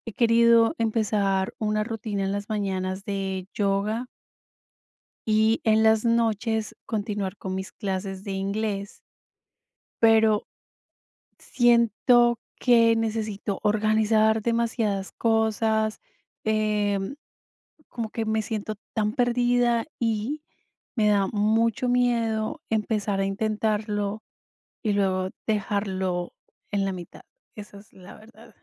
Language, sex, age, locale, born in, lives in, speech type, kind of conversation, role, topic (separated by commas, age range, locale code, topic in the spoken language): Spanish, female, 45-49, es-CO, Colombia, United States, conversation, advice, user, ¿Cómo puedo empezar una rutina si me da miedo intentarlo?